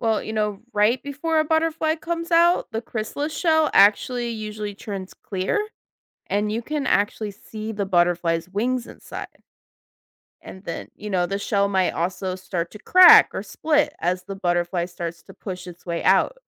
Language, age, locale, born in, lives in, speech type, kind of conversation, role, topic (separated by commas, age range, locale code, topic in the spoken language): English, 30-34, en-US, United States, United States, read, expert-assistant, expert, What happens during a butterfly's life cycle?